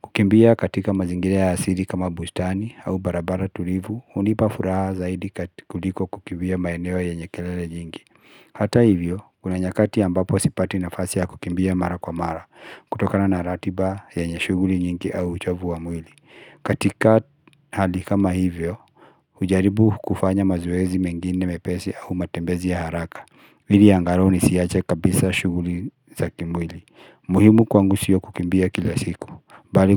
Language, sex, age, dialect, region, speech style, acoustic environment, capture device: Swahili, male, 35-44, Kenya - Nairobi Sheng-influenced, Kenya, relaxed, nature, mobile / Linux / Chrome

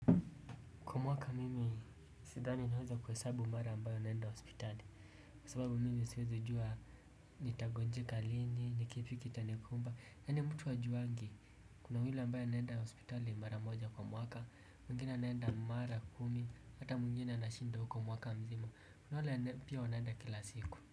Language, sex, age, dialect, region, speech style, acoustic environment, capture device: Swahili, male, 18-24, Kenya - Mombasa, Kenya, happy, silence, desktop / Windows / Chrome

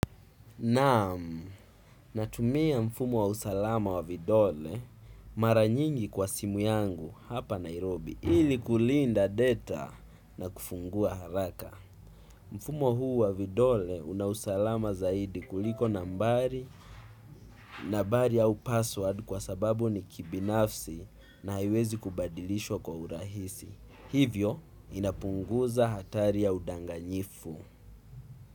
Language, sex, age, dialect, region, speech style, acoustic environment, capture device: Swahili, male, 18-24, Kenya - Mombasa, Kenya, excited, music, desktop / Windows / Chrome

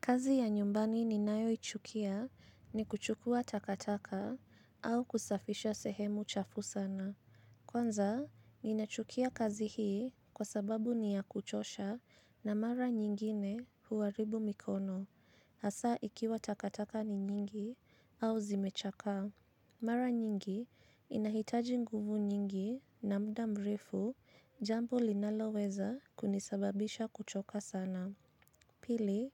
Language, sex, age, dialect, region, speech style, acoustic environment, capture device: Swahili, female, 25-34, Kenya - Nairobi Sheng-influenced, Kenya, anxious, silence, mobile / Linux / Chrome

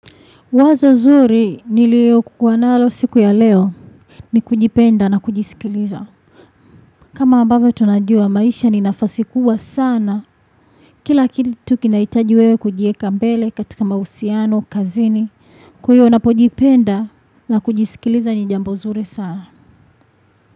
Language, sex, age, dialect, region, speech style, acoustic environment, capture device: Swahili, female, 25-34, Tanzania - Dar es Salaam, Tanzania, focused, traffic; nature, desktop / Windows / Chrome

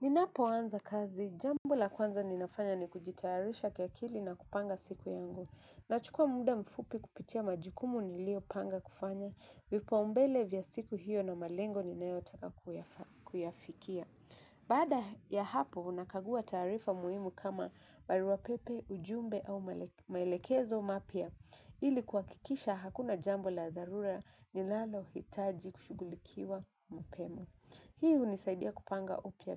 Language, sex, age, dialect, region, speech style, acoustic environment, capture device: Swahili, female, 25-34, Kenya - Mombasa, Kenya, relaxed, nature, mobile / Linux / Chrome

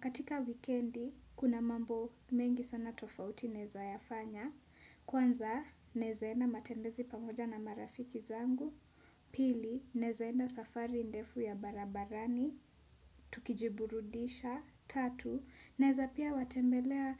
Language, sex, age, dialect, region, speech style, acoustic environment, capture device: Swahili, female, 18-24, Kenya - Nairobi Sheng-influenced, Kenya, relaxed; focused, traffic, desktop / Windows / Chrome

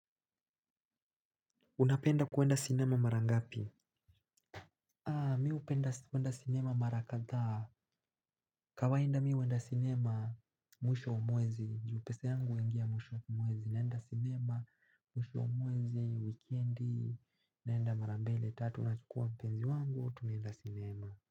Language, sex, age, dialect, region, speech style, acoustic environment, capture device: Swahili, male, 18-24, Kenya - Nairobi Sheng-influenced, Kenya, relaxed, silence, mobile / Linux / Chrome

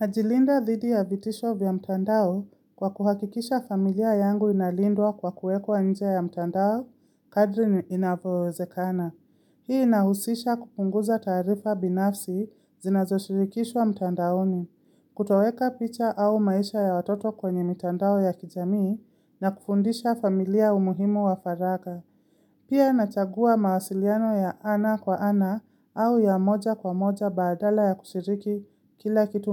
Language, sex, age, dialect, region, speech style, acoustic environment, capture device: Swahili, female, 25-34, Kenya - Nairobi Sheng-influenced, Kenya, happy; relaxed, silence, mobile / Linux / Chrome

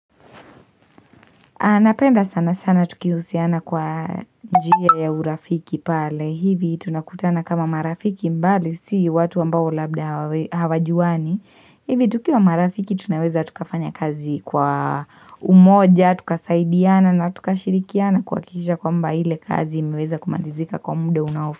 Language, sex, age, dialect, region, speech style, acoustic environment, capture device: Swahili, female, 18-24, Kenya - Mombasa, Kenya, relaxed, silence, mobile / Linux / Chrome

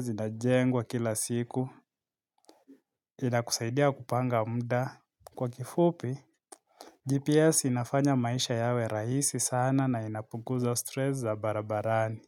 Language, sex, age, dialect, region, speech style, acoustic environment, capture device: Swahili, male, 25-34, Kenya - Nairobi Sheng-influenced, Kenya, excited, silence, mobile / Linux / Chrome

